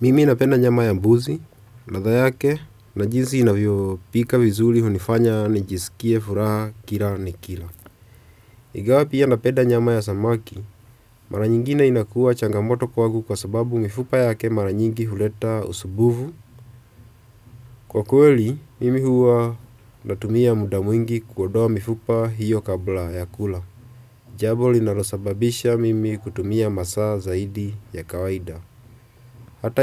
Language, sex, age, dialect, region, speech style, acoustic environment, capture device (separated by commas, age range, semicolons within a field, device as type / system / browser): Swahili, male, 25-34, Kenya - Nairobi Sheng-influenced, Kenya, relaxed, silence, desktop / Windows / Chrome